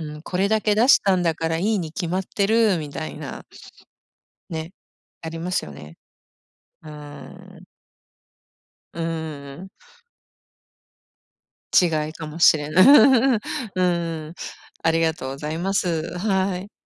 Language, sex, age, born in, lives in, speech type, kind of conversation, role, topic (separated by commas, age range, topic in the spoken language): Japanese, female, 55-59, Japan, United States, conversation, unstructured, none, お金を使って幸せを感じたのは、どんなときですか？